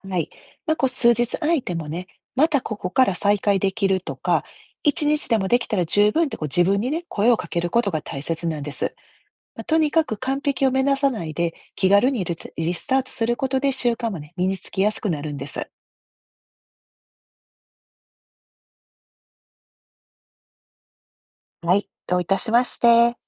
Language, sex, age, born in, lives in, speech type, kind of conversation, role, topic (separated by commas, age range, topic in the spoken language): Japanese, female, 50-54, Japan, United States, read, expert-assistant, expert, 現代の生活で、進化をヒントにストレスとうまく付き合う方法はありますか？